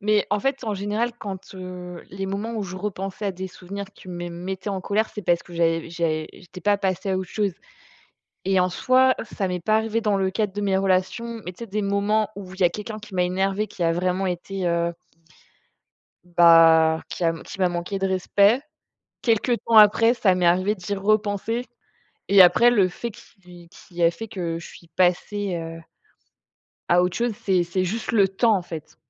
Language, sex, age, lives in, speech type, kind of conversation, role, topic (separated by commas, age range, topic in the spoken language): French, female, 25-29, France, conversation, unstructured, none, As-tu déjà ressenti de la colère en repensant à un souvenir ?